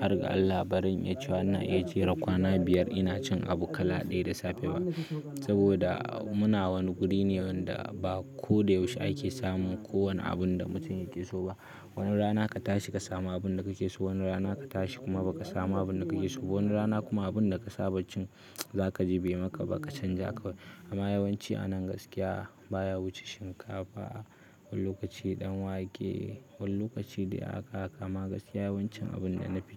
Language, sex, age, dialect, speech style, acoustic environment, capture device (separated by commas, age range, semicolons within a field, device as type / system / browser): Hausa, male, 18-24, Nigeria - Kano, stressed, silence, mobile / Linux / Chrome